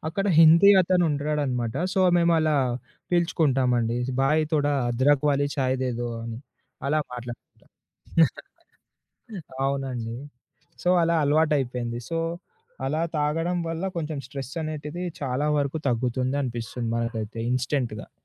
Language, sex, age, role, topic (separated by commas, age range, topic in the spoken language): Telugu, male, 20-24, guest, ఒత్తిడిని తగ్గించుకోవడానికి మీరు రోజూ చేసే అప్రమత్తత సాధన ఏమిటి?